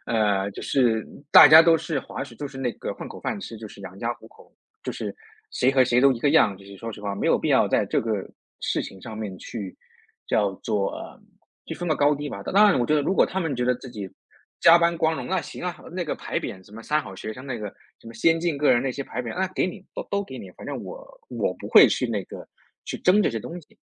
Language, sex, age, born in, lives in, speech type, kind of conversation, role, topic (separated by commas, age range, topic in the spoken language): Chinese, male, 30-34, China, United States, conversation, podcast, guest, 你怎么看待加班文化，它有必要长期存在吗？